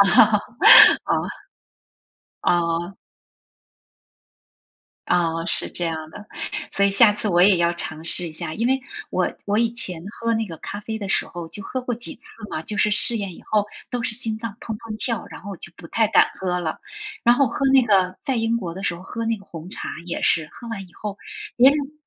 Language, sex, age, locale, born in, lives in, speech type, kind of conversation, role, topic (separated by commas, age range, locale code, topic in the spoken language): Chinese, female, 45-49, zh-TW, China, United States, conversation, unstructured, none, 你最喜欢的早餐是什么？